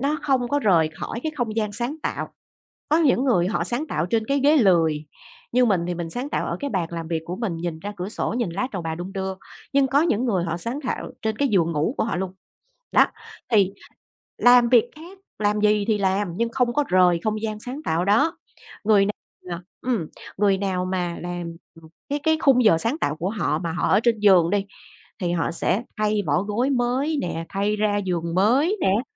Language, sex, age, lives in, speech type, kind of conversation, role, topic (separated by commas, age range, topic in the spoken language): Vietnamese, female, 40-44, Vietnam, conversation, podcast, guest, Thói quen nhỏ nào giúp bạn duy trì kỷ luật để sáng tạo đều đặn?